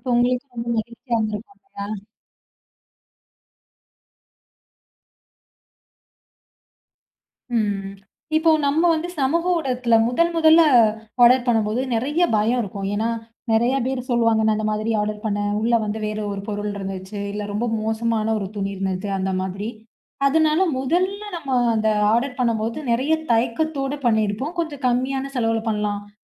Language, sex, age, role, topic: Tamil, female, 20-24, host, சமூக ஊடகங்கள் உங்கள் உடைத் தேர்வை எந்த அளவுக்கு பாதிக்கின்றன?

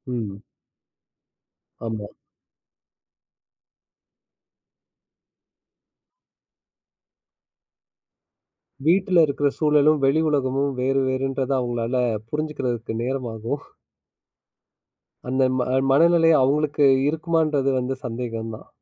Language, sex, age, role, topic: Tamil, male, 30-34, host, நண்பர்களும் குடும்பத்தினரும் தரும் ஆதரவு மனஅழுத்தத்தை குறைக்க எவ்வளவு உதவுகிறது?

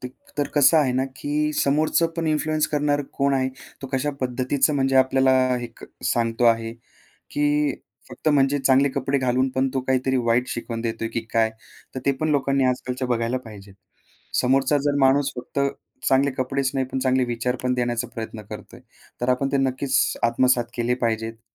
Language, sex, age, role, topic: Marathi, male, 25-29, guest, सोशल मीडियाने तुमची शैली कशी घडवली?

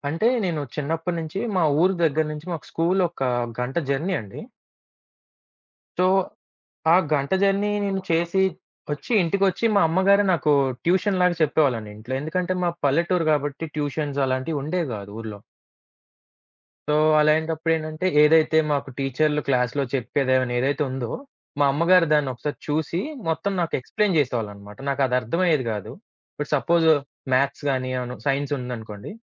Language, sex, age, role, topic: Telugu, male, 25-29, guest, కుటుంబంలో ఉన్న వాతావరణం మీకు చదువు ఆనందంగా అనిపించేందుకు ఎలా సహాయపడింది?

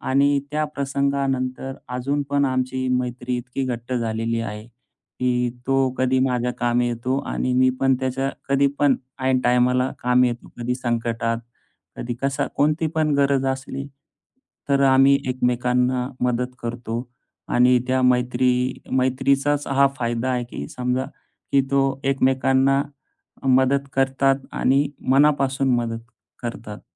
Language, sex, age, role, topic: Marathi, male, 35-39, guest, मित्रांनी संकटात कसा आधार दिला असा अनुभव सांगशील का?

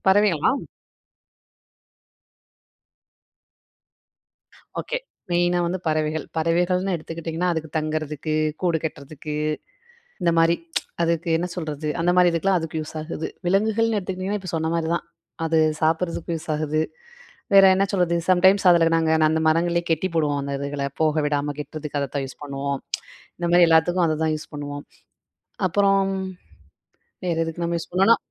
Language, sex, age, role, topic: Tamil, female, 25-29, guest, வனங்களும் மரங்களும் நமக்கு எவ்வளவு முக்கியம் என்பதை விளக்க முடியுமா?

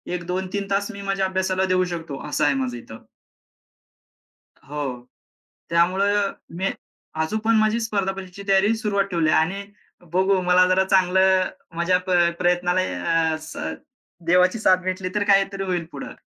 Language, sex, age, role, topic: Marathi, male, 25-29, guest, एखाद्या अजनबीने दिलेला कोणता सल्ला तुम्हाला उपयोगी पडला होता?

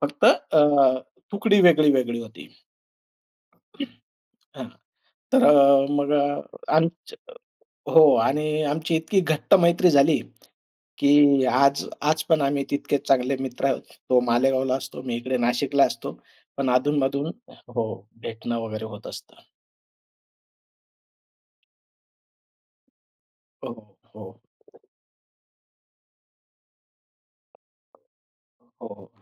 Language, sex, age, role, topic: Marathi, male, 45-49, guest, आठवणी आणि पारंपरिक सणांमुळे प्रेम अधिक मजबूत कसे होते आणि त्याचा अनुभव कसा वाटतो?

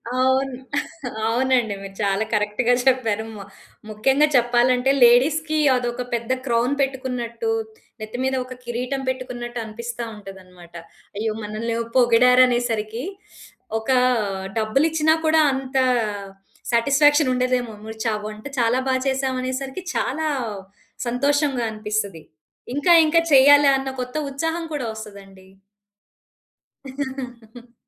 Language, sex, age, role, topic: Telugu, female, 40-44, guest, మీ వంశంలో తరతరాలుగా వచ్చే వంటల్లో మీకు అత్యంత ఇష్టమైన వంటకం ఏది?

